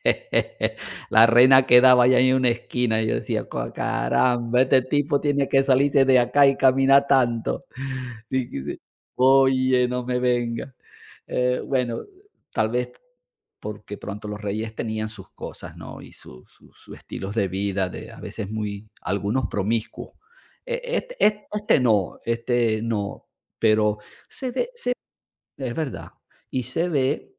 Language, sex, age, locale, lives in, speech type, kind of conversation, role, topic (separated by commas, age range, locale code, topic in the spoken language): Spanish, male, 55-59, es-VE, Portugal, conversation, unstructured, none, ¿Has visitado algún lugar histórico que te haya impactado?